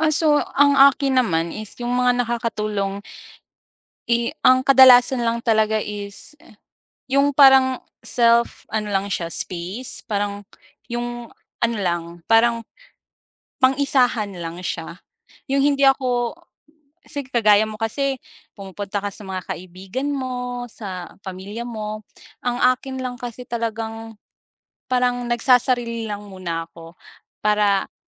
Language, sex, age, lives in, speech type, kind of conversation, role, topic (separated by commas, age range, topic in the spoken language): Filipino, female, 25-29, Philippines, conversation, unstructured, none, Paano mo karaniwang nilalampasan ang stress sa araw-araw?